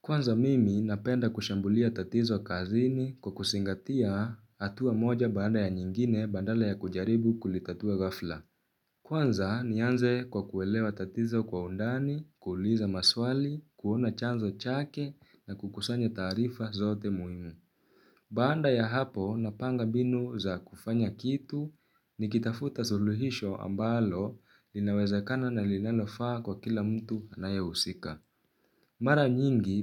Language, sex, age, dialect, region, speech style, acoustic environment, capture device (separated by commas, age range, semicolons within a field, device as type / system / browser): Swahili, male, 18-24, Kenya - Nairobi Sheng-influenced, Kenya, focused, silence, mobile / Linux / Chrome